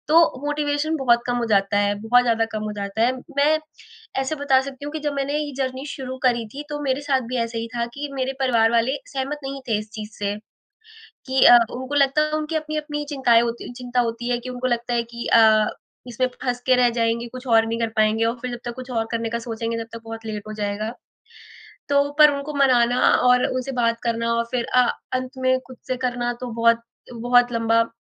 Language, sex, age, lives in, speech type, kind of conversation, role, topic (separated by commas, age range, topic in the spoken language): Hindi, female, 20-24, India, conversation, podcast, guest, जब प्रेरणा गायब हो जाती है, तो आप उसे वापस पाने के लिए कौन-सा तरीका अपनाते हैं?